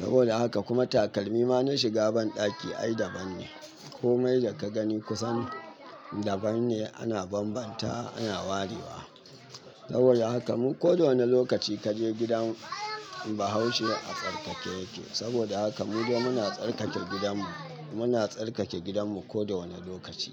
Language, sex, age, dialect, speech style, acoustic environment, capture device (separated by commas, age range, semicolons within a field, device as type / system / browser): Hausa, male, 25-34, Nigeria - Katsina, happy, people talking, mobile / Linux / Chrome